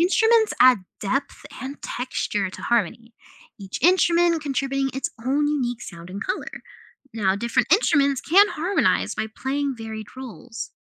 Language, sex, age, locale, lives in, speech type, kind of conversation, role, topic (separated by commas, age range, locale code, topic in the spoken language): English, other, 20-24, en-US, United States, read, expert-assistant, expert, What techniques can musicians use to create harmony in music?